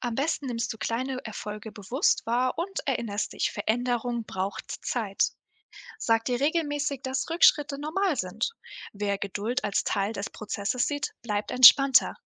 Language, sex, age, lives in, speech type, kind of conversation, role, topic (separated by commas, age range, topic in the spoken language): German, female, 18-19, Germany, read, expert-assistant, expert, Wie gehe ich damit um, wenn mich im Alltag starke Gefühle überraschen und ich trotzdem ruhig bleiben möchte?